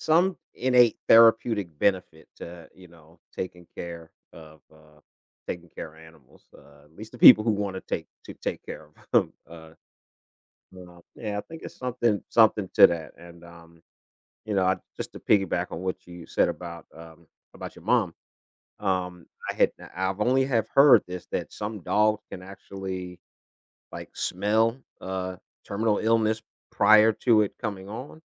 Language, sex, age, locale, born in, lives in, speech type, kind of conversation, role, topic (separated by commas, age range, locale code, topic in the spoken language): English, male, 55-59, en-US, United States, United States, conversation, unstructured, none, What makes pets such important companions in our lives?